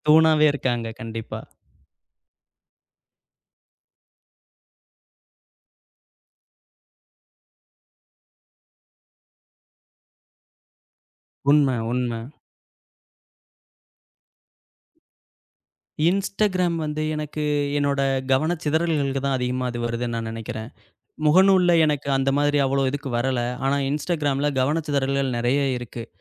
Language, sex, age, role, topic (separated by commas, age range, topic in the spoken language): Tamil, male, 35-39, guest, உங்கள் படைப்புக்கு மற்றவர்களின் கருத்து எவ்வளவு பாதிக்கிறது?